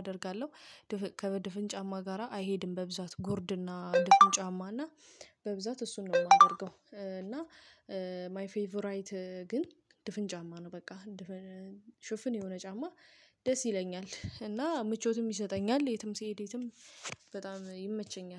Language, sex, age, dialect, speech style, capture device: Amharic, female, 25-34, Ethiopia - Addis Ababa, stressed, mobile / Linux / Chrome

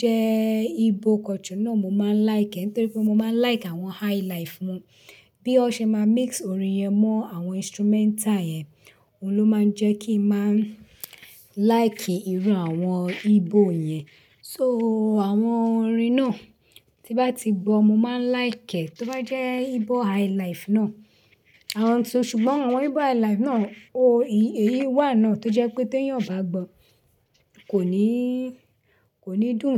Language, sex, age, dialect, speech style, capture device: Yoruba, female, 35-44, Nigeria - Lagos Yoruba, relaxed, desktop / Linux / Chrome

